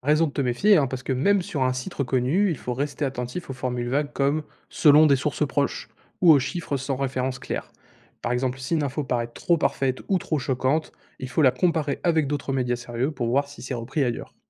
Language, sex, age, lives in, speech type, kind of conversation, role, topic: French, male, 20-24, France, read, expert-assistant, expert, Comment savoir si ce qu’on lit sur le vote et les élections est vraiment fiable ?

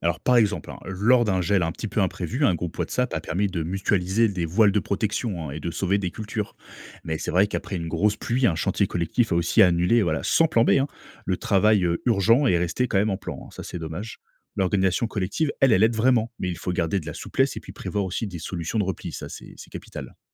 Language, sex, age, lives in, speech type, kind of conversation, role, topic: French, male, 30-34, France, read, expert-assistant, expert, Comment organiser sa ferme pour produire de façon durable sans se compliquer la vie ?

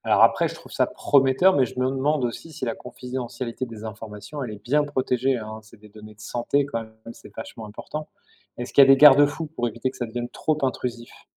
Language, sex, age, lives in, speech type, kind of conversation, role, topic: French, male, 45-49, France, read, expert-assistant, asker, Quelles petites innovations pourraient améliorer l’expérience des patients à l’hôpital ?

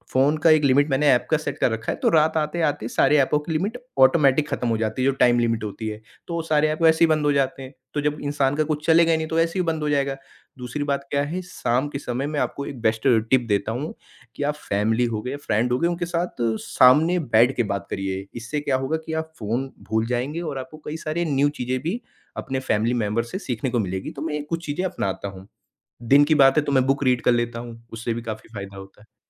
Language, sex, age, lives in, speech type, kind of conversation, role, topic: Hindi, male, 40-44, India, conversation, podcast, guest, Screen time ko realistically kaise reduce karte ho, batao?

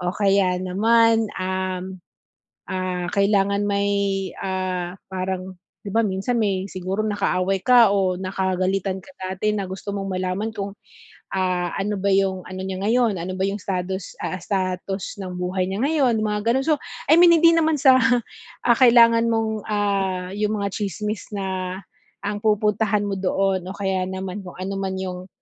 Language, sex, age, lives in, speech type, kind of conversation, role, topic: Filipino, female, 40-44, Philippines, conversation, advice, advisor, Paano ko pamamahalaan ang enerhiya ko sa mga gawaing panlipunan?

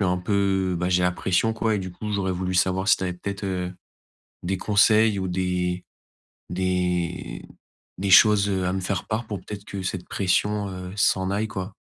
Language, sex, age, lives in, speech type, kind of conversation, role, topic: French, male, 20-24, France, conversation, advice, user, Comment gérer la pression de réussir?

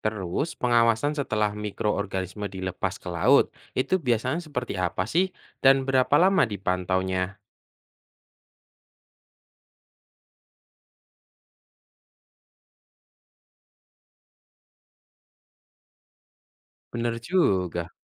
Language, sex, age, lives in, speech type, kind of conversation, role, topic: Indonesian, male, 25-29, Indonesia, read, expert-assistant, asker, Apa saja inovasi terbaru yang bisa diterapkan untuk melindungi terumbu karang dari kerusakan akibat perubahan iklim?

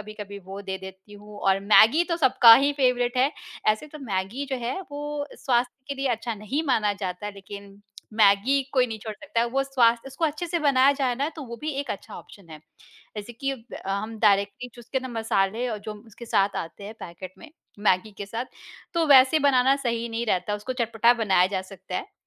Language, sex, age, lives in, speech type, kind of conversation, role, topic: Hindi, female, 35-39, India, conversation, podcast, guest, जब समय कम हो, तो आप झटपट क्या बनाते हैं?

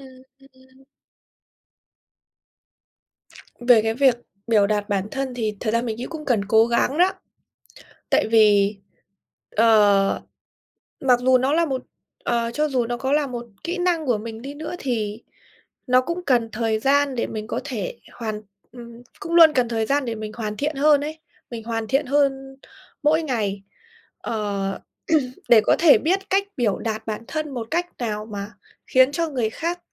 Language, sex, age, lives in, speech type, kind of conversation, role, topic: Vietnamese, female, 25-29, Vietnam, conversation, podcast, guest, Bạn vượt qua nỗi sợ bị đánh giá như thế nào khi bày tỏ bản thân?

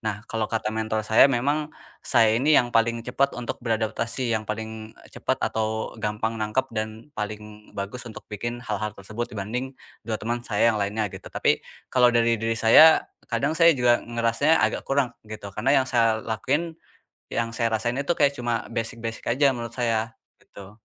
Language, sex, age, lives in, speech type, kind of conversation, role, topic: Indonesian, male, 20-24, Indonesia, conversation, advice, user, Bagaimana cara mengelola kecemasan saat menghadapi ketidakpastian?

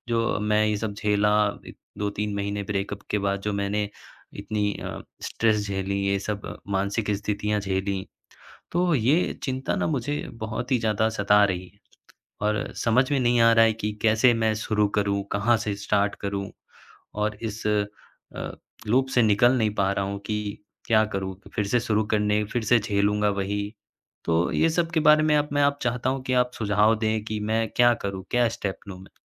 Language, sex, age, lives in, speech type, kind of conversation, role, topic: Hindi, male, 20-24, India, conversation, advice, user, नया रिश्ता शुरू करने और अकेले रह जाने से मुझे डर क्यों लगता है?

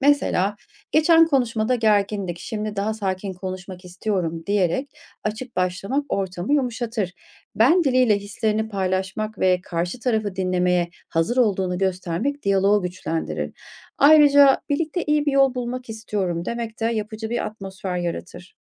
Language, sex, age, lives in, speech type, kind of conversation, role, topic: Turkish, female, 40-44, Germany, read, expert-assistant, expert, İlişkilerde yaşanan anlaşmazlıklara farklı açılardan yaklaşmanın yolları neler olabilir?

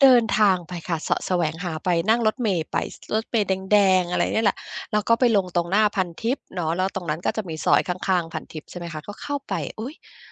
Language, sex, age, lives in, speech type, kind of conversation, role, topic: Thai, female, 50-54, United States, conversation, podcast, guest, คุณช่วยเล่าให้ฟังหน่อยได้ไหมว่าคุณได้เรียนรู้ทักษะใหม่อะไรที่เปลี่ยนชีวิตคุณ?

